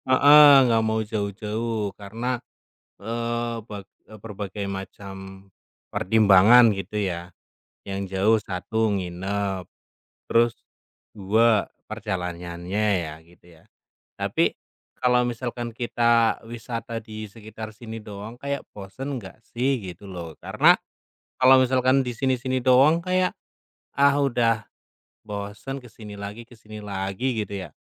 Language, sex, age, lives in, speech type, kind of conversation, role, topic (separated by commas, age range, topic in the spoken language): Indonesian, male, 30-34, Indonesia, conversation, unstructured, none, Bagaimana cara kamu membujuk keluarga untuk mencoba hal baru?